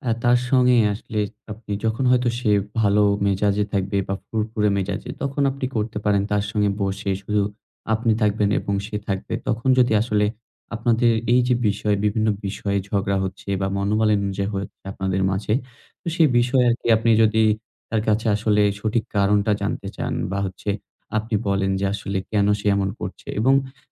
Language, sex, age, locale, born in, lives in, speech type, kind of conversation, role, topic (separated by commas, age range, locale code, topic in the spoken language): Bengali, male, 20-24, bn-BD, Bangladesh, Bangladesh, conversation, advice, advisor, আপনার সম্পর্কে কেন বারবার একই বিষয় নিয়ে ঝগড়া হয়?